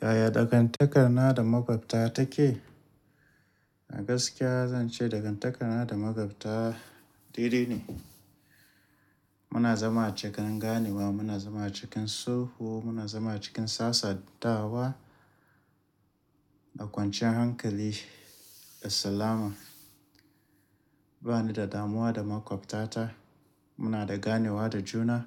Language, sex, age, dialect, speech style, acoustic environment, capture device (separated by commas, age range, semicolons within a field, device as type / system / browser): Hausa, male, 35-44, Nigeria - Kano, stressed; tired, nature; appliances; people talking, mobile / Linux / Chrome